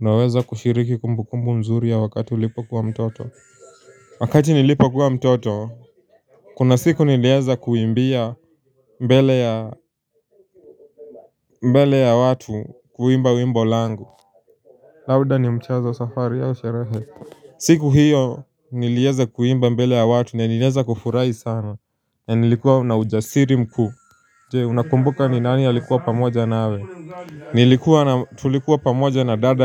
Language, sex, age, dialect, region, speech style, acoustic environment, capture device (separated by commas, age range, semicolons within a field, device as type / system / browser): Swahili, male, 18-24, Kenya - Nairobi Sheng-influenced, Kenya, focused, people talking, mobile / Linux / Chrome